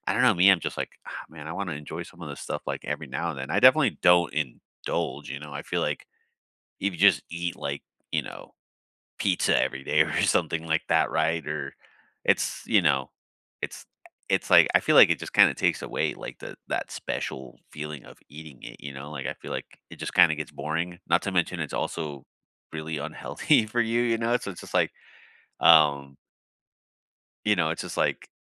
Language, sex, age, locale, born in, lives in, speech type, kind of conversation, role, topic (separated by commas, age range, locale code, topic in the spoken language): English, male, 30-34, en-US, United States, United States, conversation, unstructured, none, What small habits make your mornings feel better?